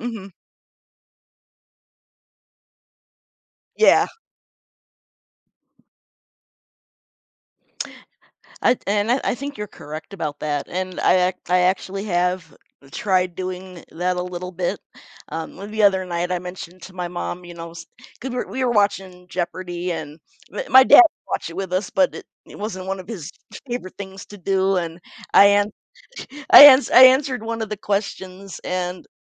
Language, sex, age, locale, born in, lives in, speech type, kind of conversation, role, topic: English, female, 45-49, en-US, United States, United States, conversation, advice, user, How can I cope and find support when the people I expect aren't there for me?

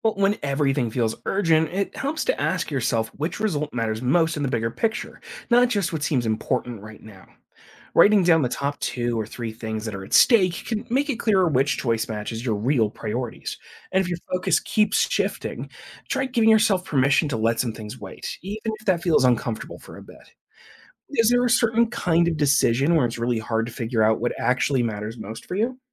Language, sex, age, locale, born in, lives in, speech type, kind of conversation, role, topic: English, male, 25-29, en-US, United States, United States, read, expert-assistant, expert, How can you make good decisions under pressure?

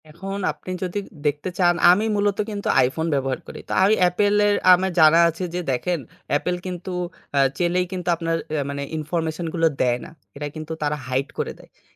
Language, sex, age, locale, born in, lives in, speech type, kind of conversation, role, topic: Bengali, male, 25-29, bn-BD, Bangladesh, Bangladesh, conversation, podcast, guest, অনলাইনে গোপনীয়তা নিয়ে আপনি কী ভাবেন?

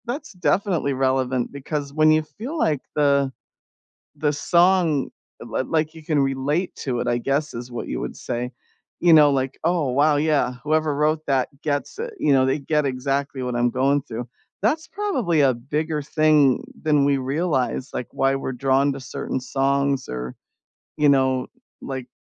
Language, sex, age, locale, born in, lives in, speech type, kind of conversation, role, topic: English, female, 55-59, en-US, United States, United States, conversation, unstructured, none, Which songs instantly lift your mood, and why?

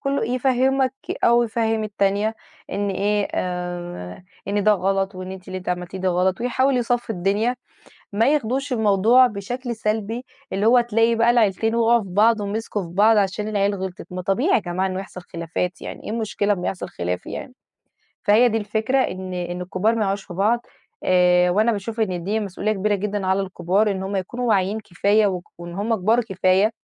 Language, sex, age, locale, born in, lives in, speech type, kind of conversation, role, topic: Arabic, female, 30-34, ar-EG, Egypt, Portugal, conversation, unstructured, none, إزاي المشاكل العائلية بتأثر على الصحة النفسية للشخص؟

